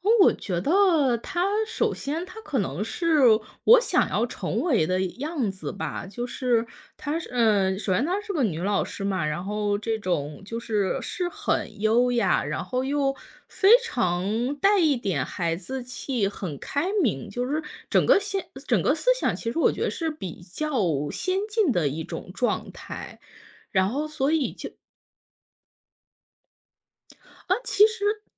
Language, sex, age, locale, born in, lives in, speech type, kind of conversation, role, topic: Chinese, female, 30-34, zh-CN, China, United States, conversation, podcast, guest, 你能讲讲你是如何遇到一位导师或老师的故事吗？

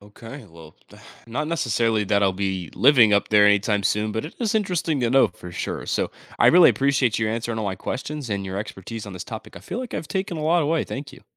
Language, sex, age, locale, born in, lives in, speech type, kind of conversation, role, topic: English, male, 18-19, en-US, United States, United States, read, expert-assistant, asker, What causes the seasons to change throughout the year?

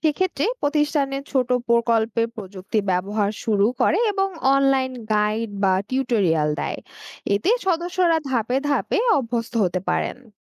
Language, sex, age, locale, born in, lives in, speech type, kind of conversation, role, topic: Bengali, female, 20-24, bn-BD, Bangladesh, Bangladesh, read, expert-assistant, expert, কোনো ব্যবসার পরিকল্পনা বলতে কী বোঝানো হয়, আর এটা কেন জরুরি?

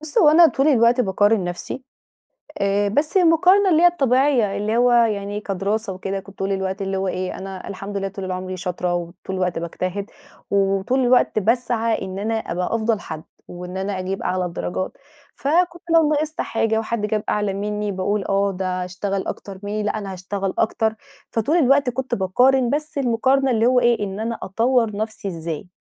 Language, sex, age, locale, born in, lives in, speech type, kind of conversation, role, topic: Arabic, female, 30-34, ar-EG, Egypt, Portugal, conversation, advice, user, ازاي أبني ثقتي بنفسي عشان أقلل المقارنة المستمرة مع صحابي؟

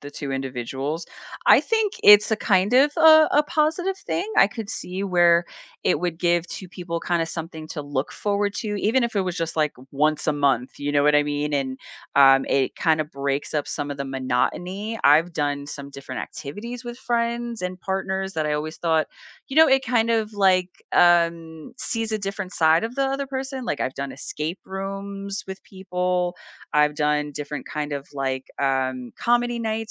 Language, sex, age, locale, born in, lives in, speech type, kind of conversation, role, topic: English, female, 40-44, en-US, United States, United States, conversation, unstructured, none, What is a low-pressure way to check in about relationship needs and wants?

